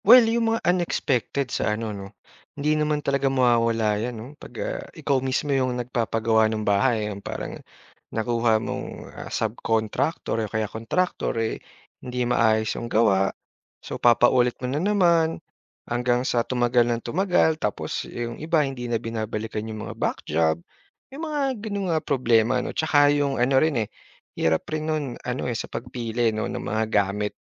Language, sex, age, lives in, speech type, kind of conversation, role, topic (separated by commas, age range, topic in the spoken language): Filipino, male, 30-34, Philippines, conversation, podcast, guest, Maaari mo bang ikuwento kung paano ninyo nabili ang una ninyong bahay?